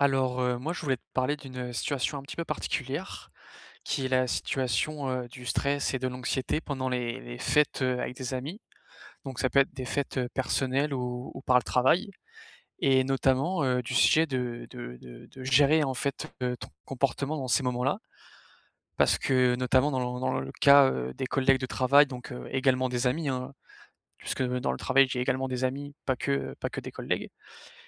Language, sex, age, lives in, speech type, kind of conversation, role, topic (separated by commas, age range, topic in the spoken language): French, male, 20-24, France, conversation, advice, user, Comment gérer mon anxiété pendant des fêtes entre amis ?